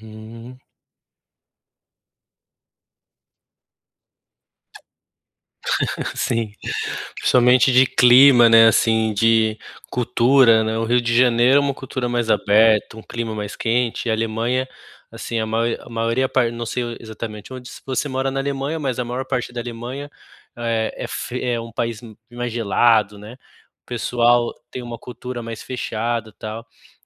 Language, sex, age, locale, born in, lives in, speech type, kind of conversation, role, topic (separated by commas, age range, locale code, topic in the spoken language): Portuguese, male, 30-34, pt-BR, Brazil, Germany, conversation, advice, advisor, Como posso me adaptar a mudanças sociais tão rápidas?